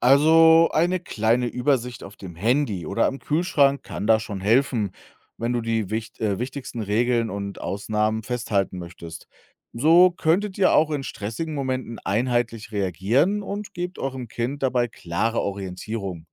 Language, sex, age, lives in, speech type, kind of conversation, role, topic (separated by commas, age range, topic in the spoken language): German, male, 35-39, Germany, read, expert-assistant, expert, Wie schafft man es, als Eltern konsequent zu bleiben, aber auch flexibel auf Situationen zu reagieren?